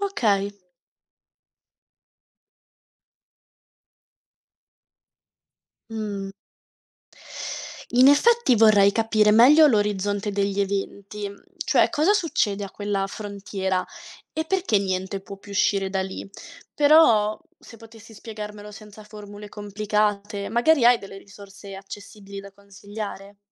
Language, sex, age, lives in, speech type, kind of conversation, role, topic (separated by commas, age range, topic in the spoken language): Italian, female, 20-24, Italy, read, expert-assistant, asker, Quando sento parlare di buchi neri o del Big Bang, come posso capire se quello che leggo è affidabile oppure no?